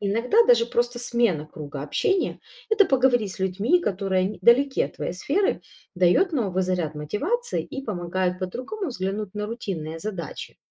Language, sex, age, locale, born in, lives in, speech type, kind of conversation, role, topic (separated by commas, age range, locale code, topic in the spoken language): Russian, female, 35-39, ru-RU, Ukraine, Italy, read, expert-assistant, expert, Как сохранить креативность и вдохновение в работе маркетолога?